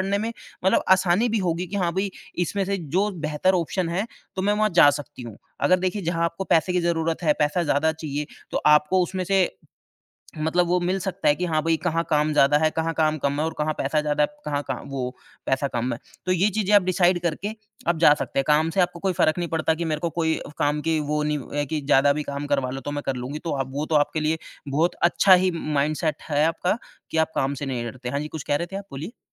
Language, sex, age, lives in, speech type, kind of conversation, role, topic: Hindi, male, 30-34, India, conversation, advice, advisor, नौकरी में पदोन्नति का मौका मिलने पर मैं हिचकिचा क्यों रहा/रही हूँ और मेरा आत्मविश्वास क्यों कम हो जाता है?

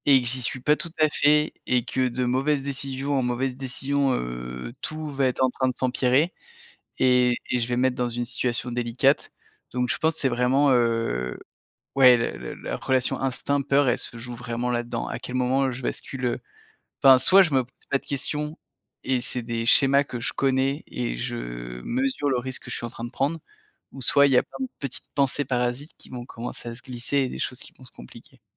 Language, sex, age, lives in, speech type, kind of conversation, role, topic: French, male, 30-34, France, conversation, podcast, guest, Comment fais-tu la part des choses entre ton instinct et ta peur ?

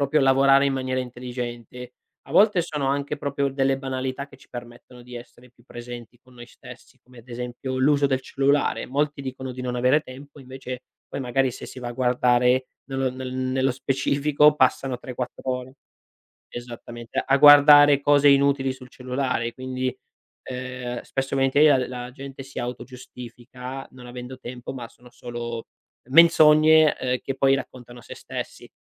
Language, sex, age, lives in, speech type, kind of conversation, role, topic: Italian, male, 25-29, Italy, conversation, podcast, guest, Come bilanci il lavoro e la vita privata?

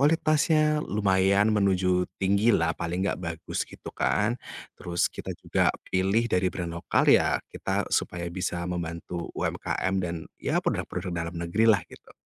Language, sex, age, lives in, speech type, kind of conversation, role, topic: Indonesian, male, 30-34, Indonesia, conversation, podcast, guest, Seberapa besar peran media sosial dalam menentukan gaya berpakaian kamu?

